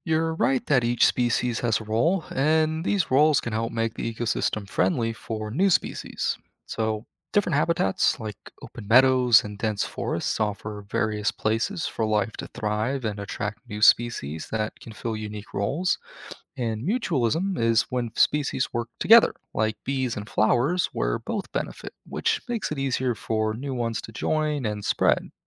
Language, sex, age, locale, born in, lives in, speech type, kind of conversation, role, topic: English, male, 20-24, en-US, United States, United States, read, expert-assistant, expert, How do ecosystems maintain biodiversity?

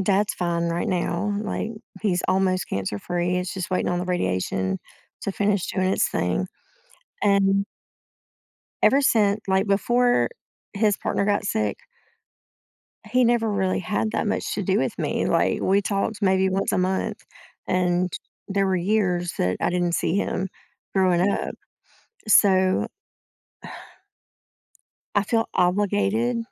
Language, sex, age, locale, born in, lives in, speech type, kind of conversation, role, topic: English, female, 45-49, en-US, United States, United States, conversation, advice, user, How can I manage my schedule to spend more meaningful time with my family?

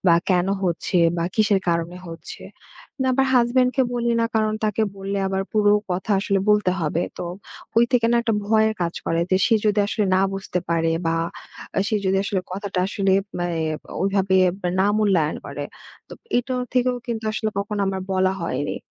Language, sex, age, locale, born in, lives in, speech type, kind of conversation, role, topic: Bengali, female, 20-24, bn-BD, Bangladesh, Bangladesh, conversation, advice, user, হঠাৎ হওয়া আতঙ্কজনিত আক্রমণের পরের দিন আপনি কী ধরনের ভয় ও অনিশ্চয়তা অনুভব করেছিলেন?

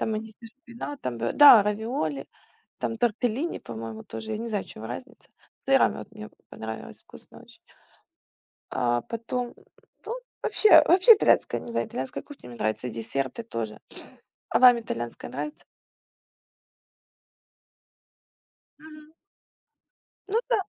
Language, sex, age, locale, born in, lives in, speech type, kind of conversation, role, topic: Russian, female, 35-39, ru-UA, Ukraine, Spain, conversation, unstructured, none, Как местная культура и кухня влияют на ваш выбор курорта?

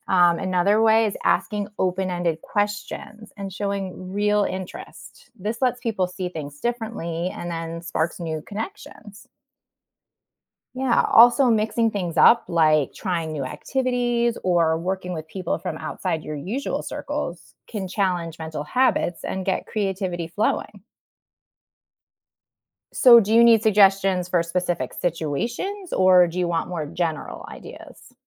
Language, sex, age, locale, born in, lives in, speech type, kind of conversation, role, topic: English, female, 40-44, en-US, United States, United States, read, expert-assistant, expert, How can we encourage creativity in ourselves and others?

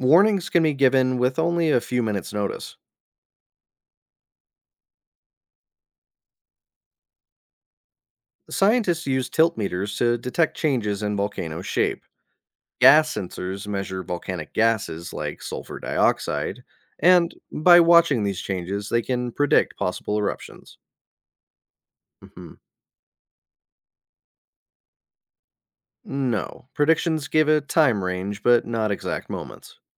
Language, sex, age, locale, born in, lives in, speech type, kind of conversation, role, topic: English, male, 30-34, en-US, United States, United States, read, expert-assistant, expert, How are natural disasters monitored and predicted?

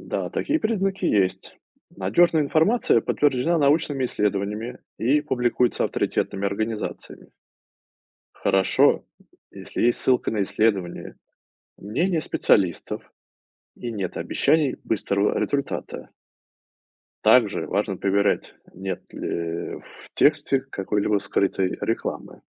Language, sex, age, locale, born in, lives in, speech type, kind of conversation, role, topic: Russian, male, 35-39, ru-RU, Russia, United States, read, expert-assistant, expert, Как понять, насколько надёжна информация о здоровье, которую я нахожу в интернете?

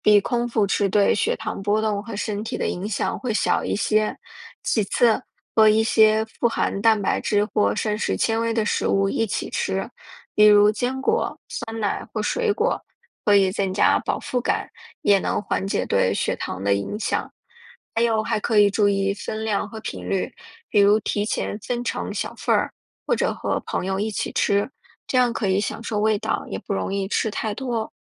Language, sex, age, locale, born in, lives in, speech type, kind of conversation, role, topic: Chinese, female, 35-39, zh-CN, China, United States, read, expert-assistant, expert, 为什么我们会觉得某些食物特别美味？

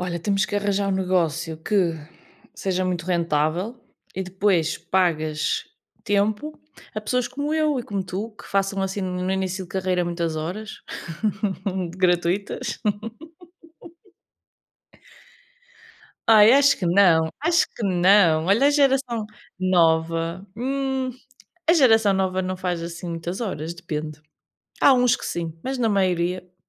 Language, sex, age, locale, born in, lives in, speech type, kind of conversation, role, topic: Portuguese, female, 30-34, pt-PT, Portugal, Portugal, conversation, unstructured, none, Você acha que o salário que recebe vale o esforço que faz?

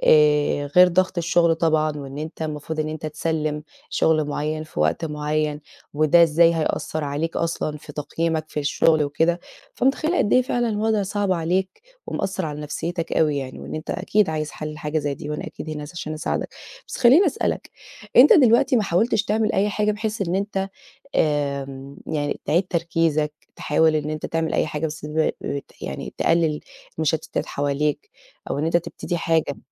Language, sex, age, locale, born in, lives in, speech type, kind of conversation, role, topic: Arabic, female, 30-34, ar-EG, Egypt, Portugal, conversation, advice, advisor, إزاي أقدر أبطل أفقد تركيزي من كتر الأفكار اللي بتشوش عليّا؟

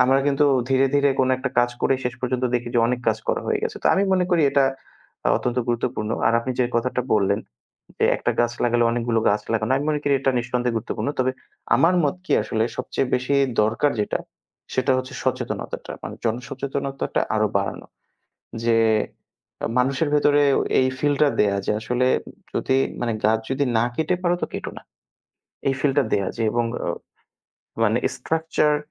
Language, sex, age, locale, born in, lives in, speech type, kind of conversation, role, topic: Bengali, male, 25-29, bn-BD, Bangladesh, Bangladesh, conversation, unstructured, none, বৃক্ষ কাটার ফলে আমাদের জীবনে কী প্রভাব পড়ছে?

